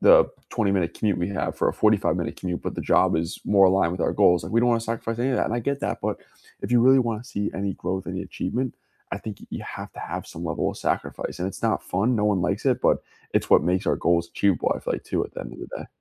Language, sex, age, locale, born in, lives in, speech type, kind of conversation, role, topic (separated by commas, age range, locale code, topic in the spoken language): English, male, 20-24, en-US, United States, United States, conversation, unstructured, none, How do you usually stay motivated toward your goals?